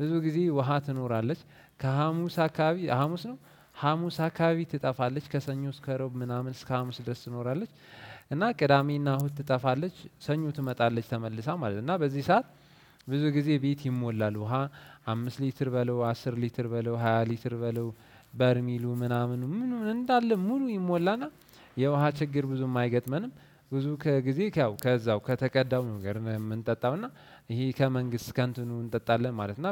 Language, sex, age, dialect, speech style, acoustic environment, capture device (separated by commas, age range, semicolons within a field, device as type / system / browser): Amharic, male, 18-24, Ethiopia - Addis Ababa, relaxed; focused, nature; construction, desktop / Windows / Chrome